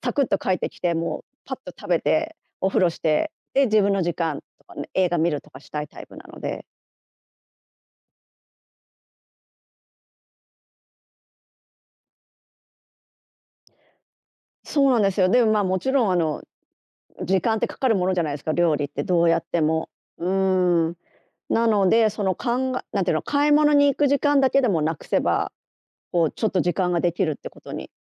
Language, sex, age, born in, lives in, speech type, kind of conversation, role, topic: Japanese, female, 50-54, Japan, Japan, conversation, podcast, guest, 平日の食事準備は、どんな工夫をしていますか？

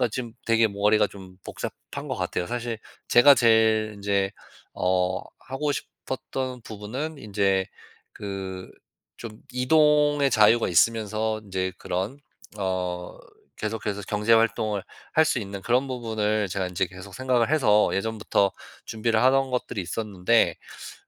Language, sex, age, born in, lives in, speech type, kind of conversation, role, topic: Korean, male, 35-39, United States, United States, conversation, advice, user, 내 삶의 우선순위를 어떻게 정하고 삶의 방향을 찾을 수 있을까요?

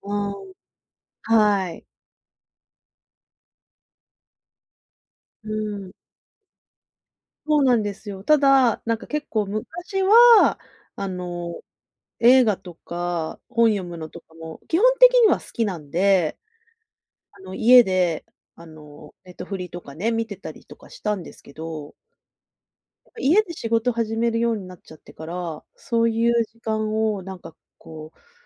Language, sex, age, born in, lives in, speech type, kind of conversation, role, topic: Japanese, female, 40-44, Japan, Japan, conversation, advice, user, 最近、自宅で落ち着いてリラックスできず、映画や音楽や読書を楽しめないときはどうすればいいですか？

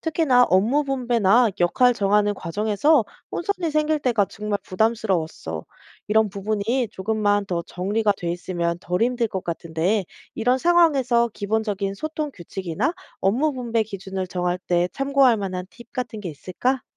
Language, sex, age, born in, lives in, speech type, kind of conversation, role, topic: Korean, female, 30-34, South Korea, South Korea, read, expert-assistant, asker, 효율적인 업무 분배를 위해 어떤 새로운 방식을 시도해 볼 수 있을까요?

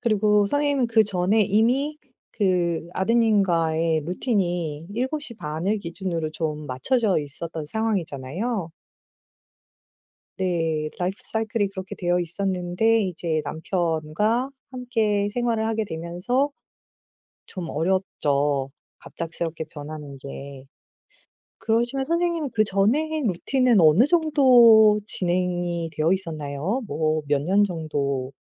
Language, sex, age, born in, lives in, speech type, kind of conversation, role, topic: Korean, female, 40-44, South Korea, South Korea, conversation, advice, advisor, 책임감 있는 루틴을 꾸준히 유지하는 게 왜 이렇게 어려울까요?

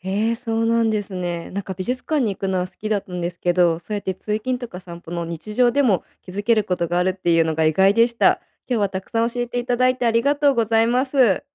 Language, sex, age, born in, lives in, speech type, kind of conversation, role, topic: Japanese, female, 30-34, Japan, United States, read, expert-assistant, asker, 美術館で絵画を見るとき、どんなポイントに注目すると人生や仕事のヒントが得られますか？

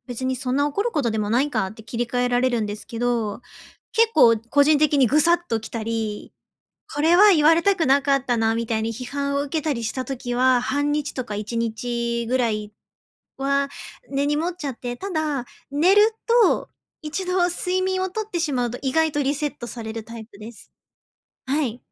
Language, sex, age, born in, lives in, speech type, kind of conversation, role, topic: Japanese, female, 30-34, Japan, Japan, conversation, advice, user, 批判を受けたとき、感情を上手に管理するにはどうすればよいですか？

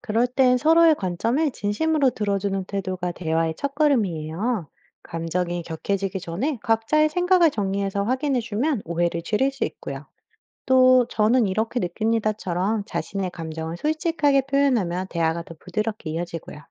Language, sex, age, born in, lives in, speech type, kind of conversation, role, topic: Korean, female, 35-39, United States, United States, read, expert-assistant, expert, 주변 사람들과 기후 변화에 대해 의견이 다를 때 어떻게 대화하면 좋을까요?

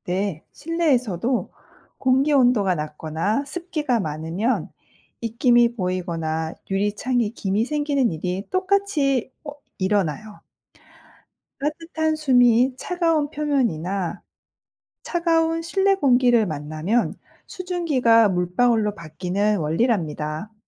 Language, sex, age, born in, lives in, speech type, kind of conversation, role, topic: Korean, female, 45-49, South Korea, United States, read, expert-assistant, expert, 왜 겨울에는 입김이 보이나요?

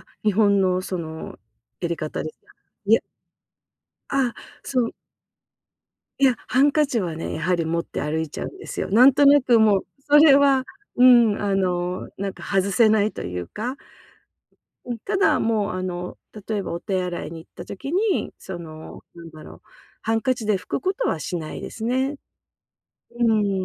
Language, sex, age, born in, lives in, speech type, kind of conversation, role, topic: Japanese, female, 50-54, Japan, United States, conversation, advice, user, 新しい文化や習慣に早く慣れるにはどうすればいいですか？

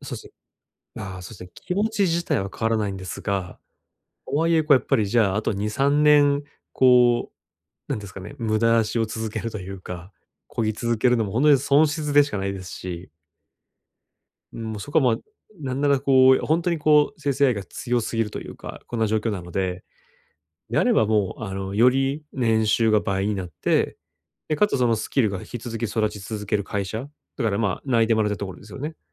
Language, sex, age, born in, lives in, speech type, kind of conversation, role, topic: Japanese, male, 30-34, Japan, Japan, conversation, advice, user, 重要な決断を前に不安や躊躇を感じたとき、どうすればいいですか？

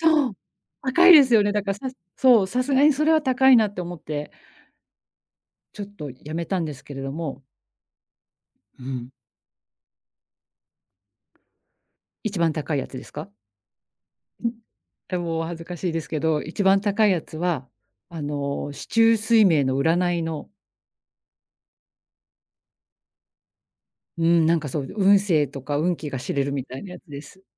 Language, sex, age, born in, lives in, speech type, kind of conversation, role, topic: Japanese, female, 45-49, Japan, Japan, conversation, advice, user, サブスクが増えて無駄な出費だと感じるのはなぜですか？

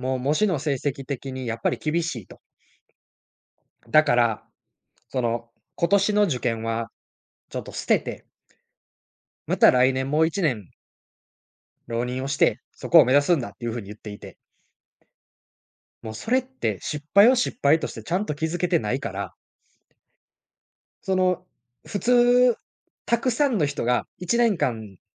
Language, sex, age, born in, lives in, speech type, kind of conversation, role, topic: Japanese, male, 20-24, Japan, Japan, conversation, podcast, guest, 失敗を前向きに受け止めるには、どんなコツがありますか？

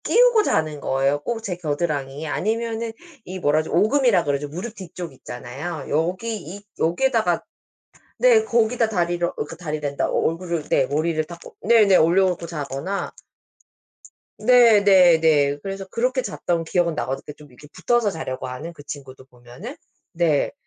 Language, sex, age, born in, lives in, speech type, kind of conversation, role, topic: Korean, female, 35-39, South Korea, United States, conversation, unstructured, none, 동물도 사람처럼 꿈을 꿀까요?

